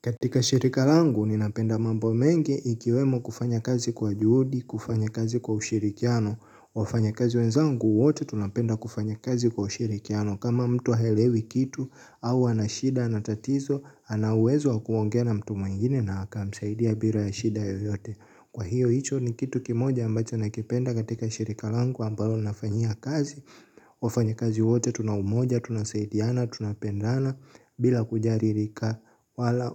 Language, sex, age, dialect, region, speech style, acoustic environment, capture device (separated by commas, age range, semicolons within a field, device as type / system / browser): Swahili, male, 18-24, Tanzania - Dar es Salaam, Tanzania, happy; excited, silence, mobile / Linux / Chrome